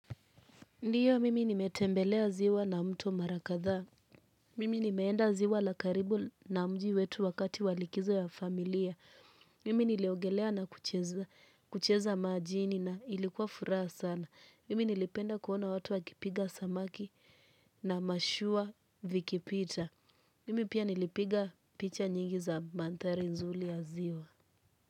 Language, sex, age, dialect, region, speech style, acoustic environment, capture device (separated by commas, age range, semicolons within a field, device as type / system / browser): Swahili, female, 18-24, Kenya - Mombasa, Kenya, relaxed; nervous; focused, people talking; music; nature; appliances; silence, mobile / Linux / Chrome